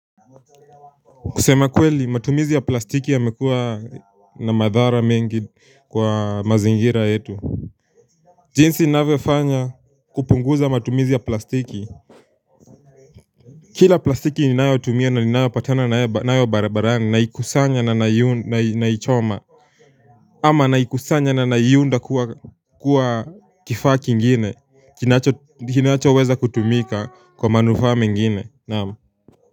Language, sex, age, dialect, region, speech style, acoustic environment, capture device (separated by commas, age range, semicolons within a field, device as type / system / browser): Swahili, male, 18-24, Kenya - Nairobi Sheng-influenced, Kenya, focused, people talking, mobile / Linux / Chrome